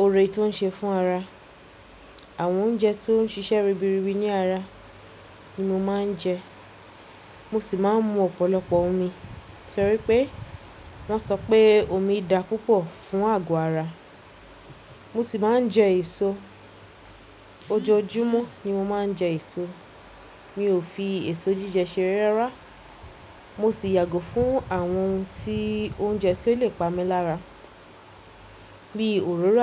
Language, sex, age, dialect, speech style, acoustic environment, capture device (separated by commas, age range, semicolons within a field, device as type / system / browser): Yoruba, female, 25-34, Nigeria - Lagos Yoruba, happy; focused, nature, mobile / Linux / Chrome